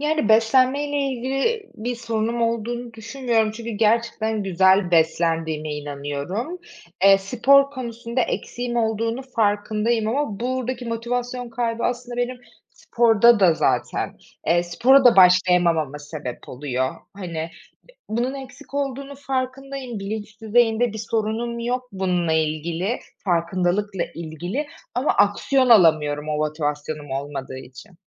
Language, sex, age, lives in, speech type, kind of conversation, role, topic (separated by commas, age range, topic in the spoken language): Turkish, female, 25-29, Germany, conversation, advice, user, Sürekli yorgunluk ve motivasyon kaybı yaşıyorsanız bunun nedenleri neler olabilir ve nasıl başa çıkabilirsiniz?